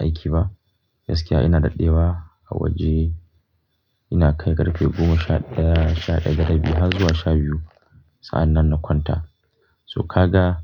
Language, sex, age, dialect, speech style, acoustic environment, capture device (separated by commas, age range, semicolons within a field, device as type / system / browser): Hausa, male, 25-34, Nigeria - Kano, happy; relaxed; focused, people talking; nature; silence, mobile / Linux / Chrome